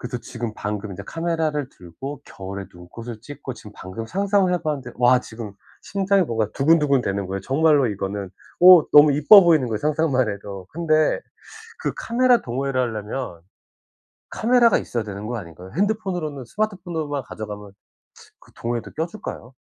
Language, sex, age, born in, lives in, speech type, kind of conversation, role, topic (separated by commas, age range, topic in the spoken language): Korean, male, 40-44, South Korea, United States, conversation, advice, user, 휴일에 외로움과 소외감을 자주 느낄 때 어떻게 하면 좋을까요?